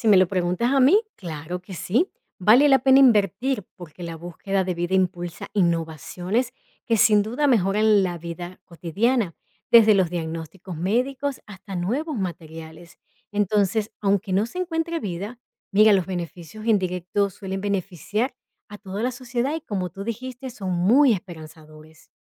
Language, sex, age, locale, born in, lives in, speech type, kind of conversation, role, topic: Spanish, female, 40-44, es-VE, Venezuela, United States, read, expert-assistant, expert, ¿Crees que hay vida en otras partes del universo, o estamos solos? ¿Qué te hace pensar así?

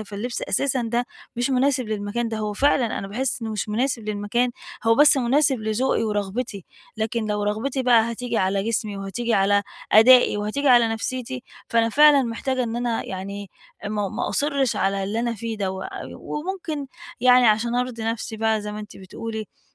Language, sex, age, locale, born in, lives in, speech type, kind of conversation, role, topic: Arabic, female, 40-44, ar-EG, Egypt, Portugal, conversation, advice, user, إزاي أوازن بين الراحة والأناقة في لبسي اليومي؟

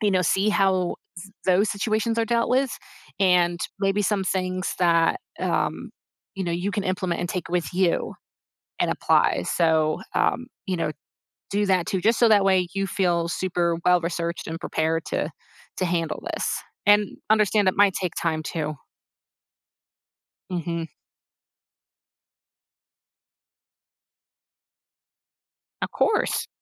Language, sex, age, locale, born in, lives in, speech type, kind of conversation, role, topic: English, female, 35-39, en-US, United States, United States, conversation, advice, advisor, How can I stop feeling disgusted by clutter and make my living space more manageable?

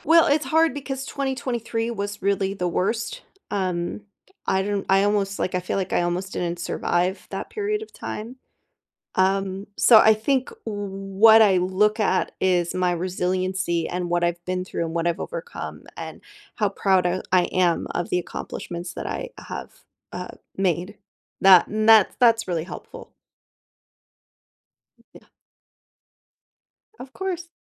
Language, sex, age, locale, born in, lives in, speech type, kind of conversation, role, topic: English, female, 35-39, en-US, United States, United States, conversation, podcast, guest, What helps you stay motivated and resilient when facing obstacles in pursuit of your goals?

